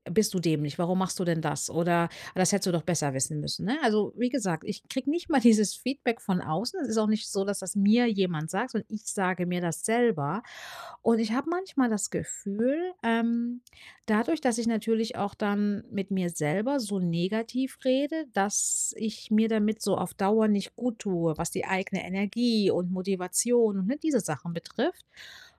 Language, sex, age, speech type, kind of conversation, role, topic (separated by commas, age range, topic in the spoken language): German, female, 35-39, conversation, advice, user, Wie kann ich negative Selbstgespräche in etwas Positives umwandeln?